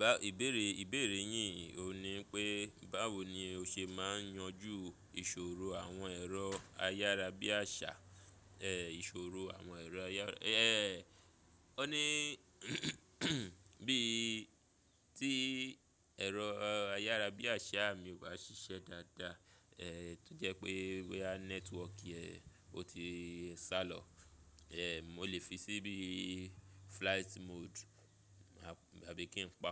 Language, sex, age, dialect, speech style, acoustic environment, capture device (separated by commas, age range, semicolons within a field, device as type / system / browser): Yoruba, male, 25-34, Nigeria - Lagos Yoruba, focused; relaxed, traffic, mobile / Linux / Chrome